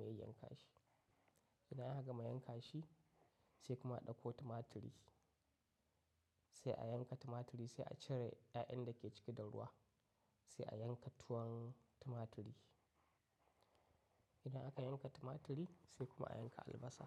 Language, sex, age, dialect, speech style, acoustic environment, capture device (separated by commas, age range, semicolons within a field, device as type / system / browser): Hausa, male, 25-34, Nigeria - Katsina, happy, silence, mobile / macOS / Safari